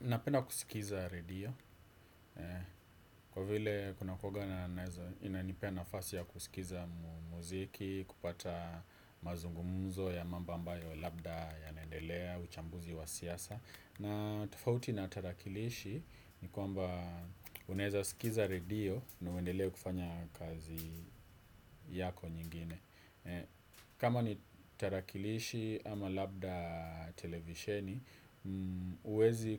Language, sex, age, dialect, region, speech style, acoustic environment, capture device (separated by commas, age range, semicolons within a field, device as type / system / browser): Swahili, male, 25-34, Kenya - Nairobi Sheng-influenced, Kenya, focused; relaxed, silence, desktop / Windows / Edge